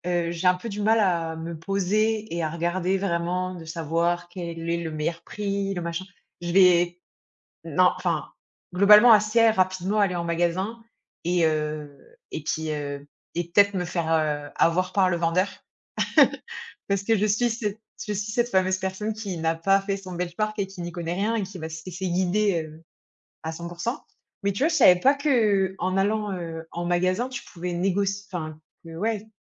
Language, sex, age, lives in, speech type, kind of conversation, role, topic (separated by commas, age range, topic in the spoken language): French, female, 30-34, France, conversation, unstructured, none, Quelle est votre stratégie pour négocier un meilleur prix ?